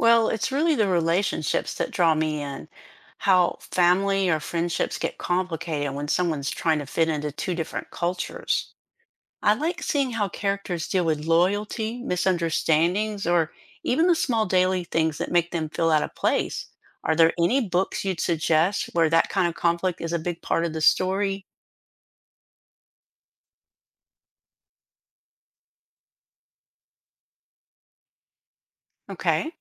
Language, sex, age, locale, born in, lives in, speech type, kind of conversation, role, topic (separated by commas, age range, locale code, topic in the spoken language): English, female, 60-64, en-US, United States, United States, read, expert-assistant, asker, Which is more influential now, autofiction or dystopian fiction?